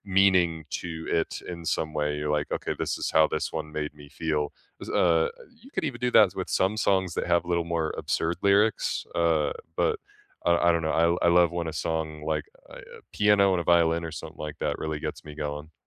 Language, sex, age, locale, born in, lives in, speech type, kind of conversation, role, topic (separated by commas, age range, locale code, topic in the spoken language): English, male, 25-29, en-US, United States, United States, conversation, unstructured, none, What recent song or podcast has stayed with you, and what made it meaningful to you?